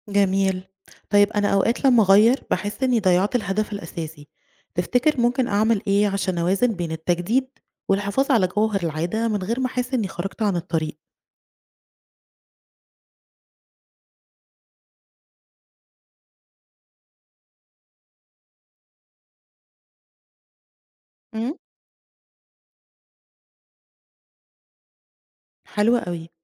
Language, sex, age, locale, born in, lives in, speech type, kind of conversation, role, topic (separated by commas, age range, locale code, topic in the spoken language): Arabic, female, 35-39, ar-EG, Egypt, Egypt, read, expert-assistant, asker, إزاي أقدر ألتزم بعادات روحية يومية وسط زحمة الشغل والمسؤوليات؟